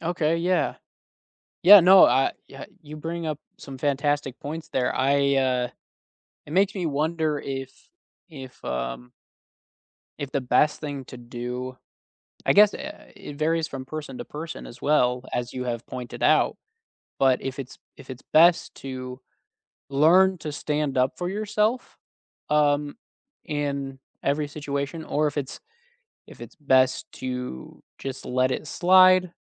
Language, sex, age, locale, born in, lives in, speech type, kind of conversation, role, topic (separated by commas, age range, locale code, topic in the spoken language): English, male, 30-34, en-US, United States, United States, conversation, unstructured, none, How do you handle situations when you feel treated unfairly?